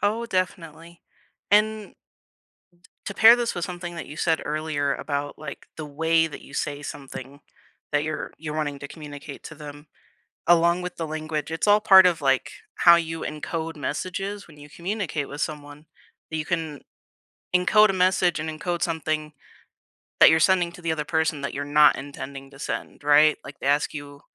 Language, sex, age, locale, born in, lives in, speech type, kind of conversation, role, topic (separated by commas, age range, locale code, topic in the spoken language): English, female, 20-24, en-US, United States, United States, conversation, unstructured, none, What builds trust for you in any relationship?